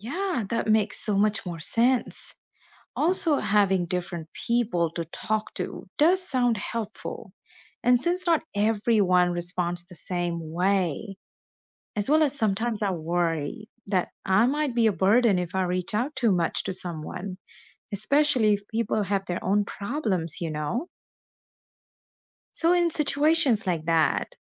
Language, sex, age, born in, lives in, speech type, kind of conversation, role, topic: English, female, 35-39, India, United States, read, expert-assistant, asker, How does facing challenges help you grow as a person?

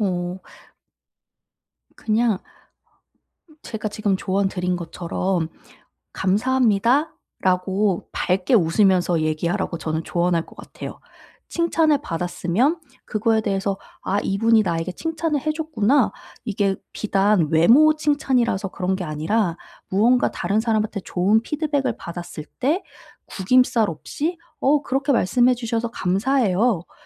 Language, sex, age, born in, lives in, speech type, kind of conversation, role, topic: Korean, female, 30-34, South Korea, South Korea, conversation, advice, advisor, 칭찬을 들을 때 불편하지 않게 자연스럽게 반응하려면 어떻게 해야 하나요?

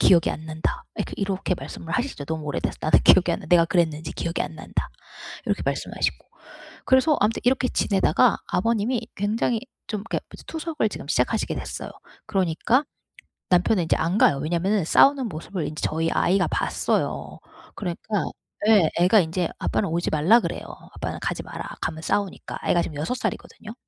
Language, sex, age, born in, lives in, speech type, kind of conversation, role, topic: Korean, female, 40-44, United States, United States, conversation, advice, user, 가족 간 소통 부족으로 생긴 이해관계 충돌을 어떻게 해결할 수 있을까요?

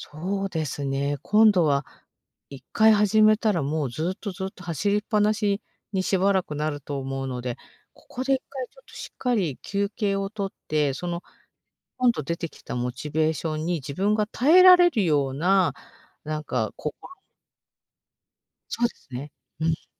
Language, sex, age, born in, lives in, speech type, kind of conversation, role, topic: Japanese, female, 50-54, Japan, Japan, conversation, advice, user, モチベーションが落ちたとき、どうすれば回復できますか？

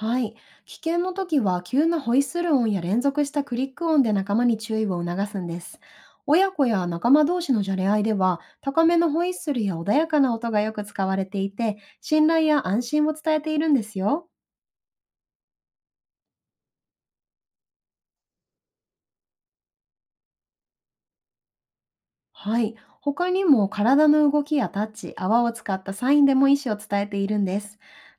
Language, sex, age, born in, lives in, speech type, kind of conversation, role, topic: Japanese, female, 30-34, Japan, Japan, read, expert-assistant, expert, イルカやクジラの鳴き声の意味は、どれくらい分かっているのでしょうか？まだ謎の部分はありますか？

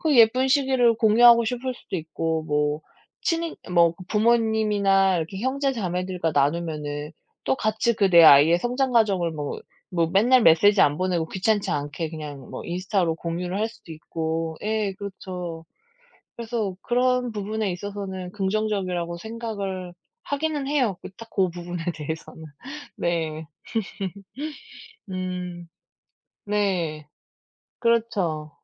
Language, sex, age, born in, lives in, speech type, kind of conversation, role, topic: Korean, female, 35-39, South Korea, South Korea, conversation, unstructured, none, 요즘 사람들이 가장 많이 사용하는 사회관계망서비스는 무엇인가요?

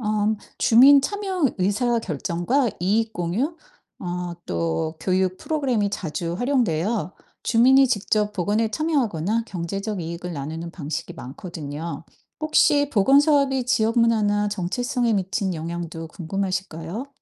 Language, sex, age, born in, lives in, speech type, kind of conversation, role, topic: Korean, female, 50-54, South Korea, United States, read, expert-assistant, expert, 만약 인공 고기가 축산업을 완전히 대체한다면, 농촌과 축산업에 어떤 변화가 일어날까요?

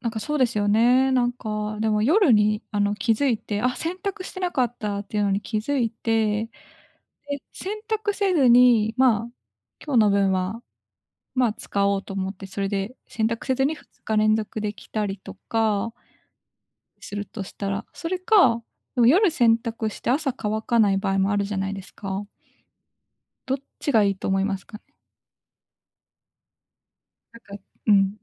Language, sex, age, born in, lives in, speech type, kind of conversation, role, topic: Japanese, female, 25-29, Japan, Japan, conversation, advice, user, 朝の準備に時間がかかって出勤がいつもぎりぎりになってしまうのですが、どうすれば余裕を持って出発できますか？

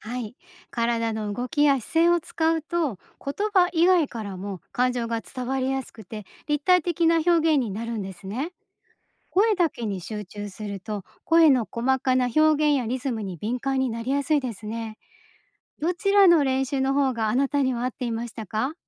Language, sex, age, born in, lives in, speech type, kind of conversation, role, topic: Japanese, female, 50-54, Japan, Japan, read, expert-assistant, expert, 日常生活で表現力を高めるにはどうすればいいですか？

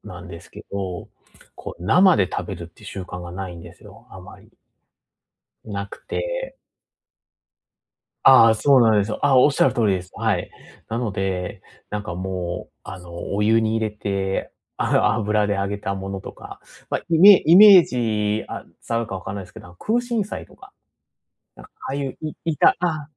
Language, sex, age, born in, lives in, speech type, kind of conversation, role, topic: Japanese, male, 30-34, Japan, Japan, conversation, advice, user, 外食のとき、どうすれば無理なく健康的な選択を続けられますか？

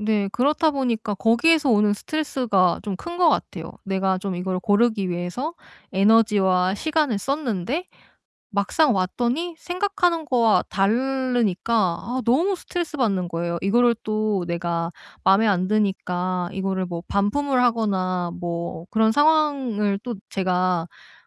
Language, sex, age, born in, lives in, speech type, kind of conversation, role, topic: Korean, female, 30-34, South Korea, South Korea, conversation, advice, user, 쇼핑할 때 느끼는 스트레스와 불안을 어떻게 줄일 수 있을까요?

